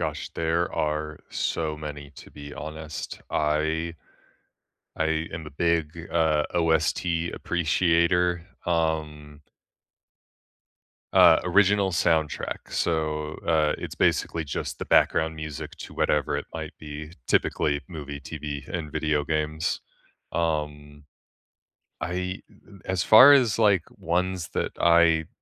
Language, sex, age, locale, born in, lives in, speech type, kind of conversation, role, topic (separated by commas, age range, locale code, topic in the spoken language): English, male, 25-29, en-US, United States, United States, conversation, unstructured, none, Which movie, TV show, or video game soundtracks do you play on repeat, and what memories or moods do they bring back?